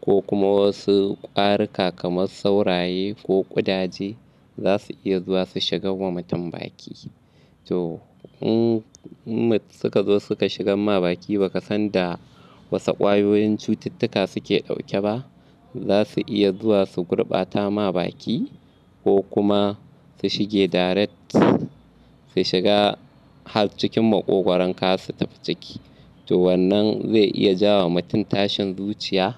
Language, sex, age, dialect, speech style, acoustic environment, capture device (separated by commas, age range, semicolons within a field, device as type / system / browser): Hausa, male, 25-34, Nigeria - Kano, happy, nature, mobile / Linux / Chrome